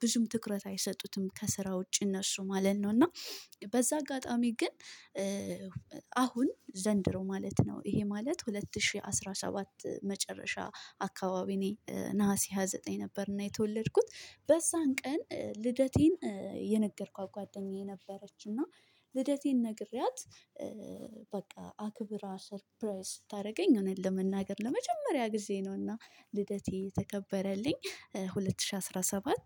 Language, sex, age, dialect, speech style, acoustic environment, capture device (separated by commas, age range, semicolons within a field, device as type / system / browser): Amharic, female, 25-34, Ethiopia - Addis Ababa, stressed; focused; happy; relaxed, people talking, mobile / Linux / Chrome